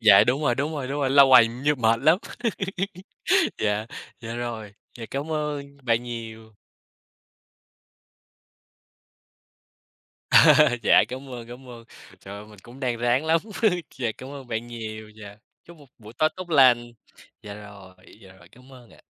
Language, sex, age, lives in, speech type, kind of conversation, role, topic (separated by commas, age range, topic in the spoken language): Vietnamese, male, 20-24, United States, conversation, unstructured, none, Bạn có bao giờ cảm thấy người khác hiểu lầm về con người thật của mình không?